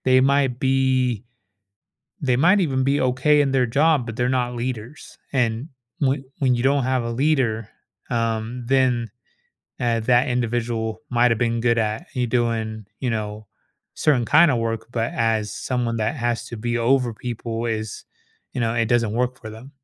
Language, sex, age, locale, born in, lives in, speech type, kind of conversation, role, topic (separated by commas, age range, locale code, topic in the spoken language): English, male, 30-34, en-US, United States, United States, conversation, advice, advisor, How can I address feeling unappreciated at work?